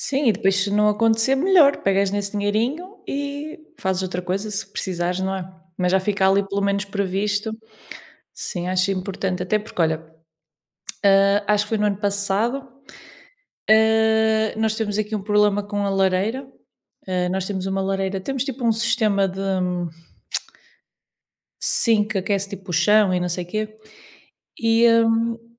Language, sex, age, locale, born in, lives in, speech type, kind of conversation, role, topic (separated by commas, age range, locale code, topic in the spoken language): Portuguese, female, 30-34, pt-PT, Portugal, Portugal, conversation, unstructured, none, Como você se prepara para emergências financeiras?